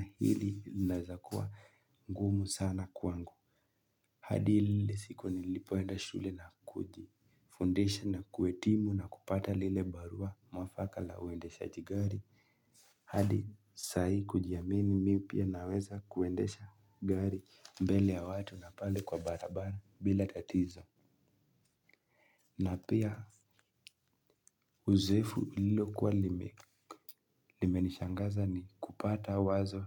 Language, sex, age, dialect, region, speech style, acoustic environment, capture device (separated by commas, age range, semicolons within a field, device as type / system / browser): Swahili, male, 18-24, Kenya - Nairobi Sheng-influenced, Kenya, relaxed, silence, mobile / Linux / Chrome